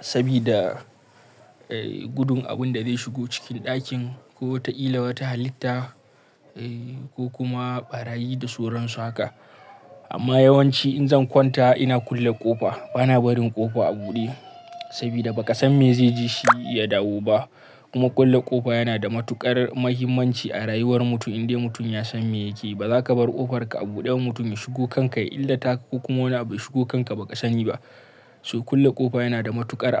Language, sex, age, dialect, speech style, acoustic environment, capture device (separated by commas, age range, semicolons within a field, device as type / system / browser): Hausa, male, 25-34, Nigeria - Kano, happy; relaxed, silence, mobile / Linux / Chrome